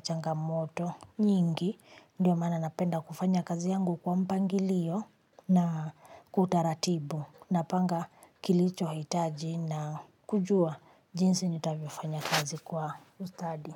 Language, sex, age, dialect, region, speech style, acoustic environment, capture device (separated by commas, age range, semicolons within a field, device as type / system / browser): Swahili, female, 35-44, Kenya - Nairobi Sheng-influenced, Kenya, happy, people talking, mobile / Linux / Chrome